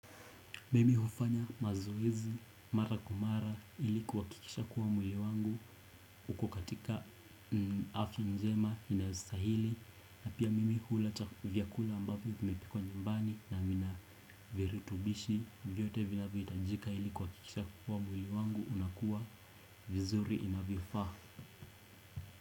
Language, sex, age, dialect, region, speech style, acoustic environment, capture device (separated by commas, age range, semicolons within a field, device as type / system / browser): Swahili, male, 18-24, Kenya - Nairobi Sheng-influenced, Kenya, relaxed; anxious, appliances; people talking; music, desktop / Windows / Chrome